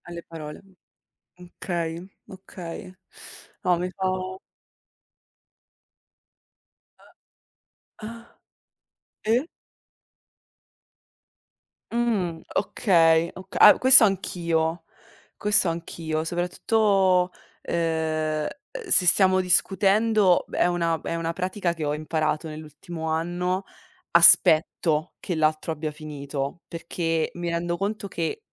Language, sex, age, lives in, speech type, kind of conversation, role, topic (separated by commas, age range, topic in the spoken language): Italian, female, 25-29, Italy, conversation, unstructured, none, Qual è il ruolo dell’ascolto in una discussione difficile?